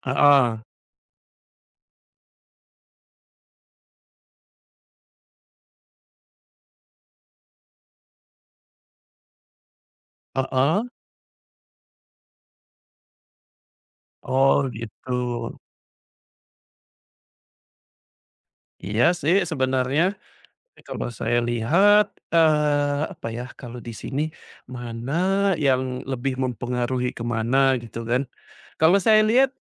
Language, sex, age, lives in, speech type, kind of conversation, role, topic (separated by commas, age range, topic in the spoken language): Indonesian, male, 40-44, Indonesia, conversation, unstructured, none, Bagaimana agama dan budaya saling memengaruhi satu sama lain?